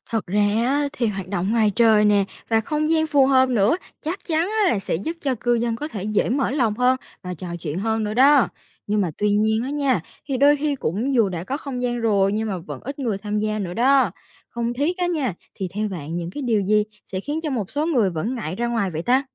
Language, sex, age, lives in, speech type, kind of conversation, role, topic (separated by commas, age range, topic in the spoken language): Vietnamese, female, 18-19, Vietnam, read, expert-assistant, expert, Nên ưu tiên phát triển giao thông, môi trường hay cộng đồng khi xây dựng khu đô thị mới?